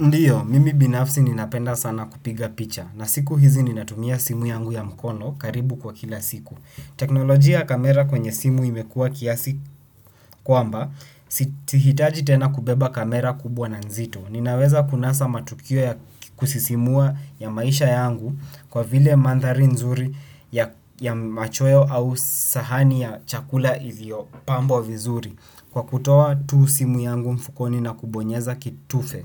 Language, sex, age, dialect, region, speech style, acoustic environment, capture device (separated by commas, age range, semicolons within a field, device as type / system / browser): Swahili, male, 18-24, Kenya - Nairobi Sheng-influenced, Kenya, anxious, silence, desktop / Windows / Chrome